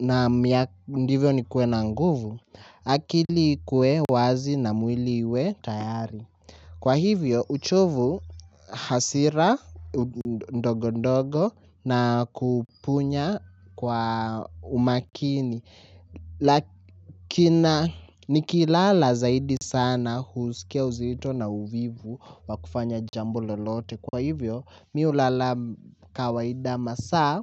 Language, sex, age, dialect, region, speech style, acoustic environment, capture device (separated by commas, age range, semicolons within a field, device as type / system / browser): Swahili, male, 18-24, Kenya - Nairobi Sheng-influenced, Kenya, focused; nervous; tired, music; appliances; people talking, mobile / Linux / Chrome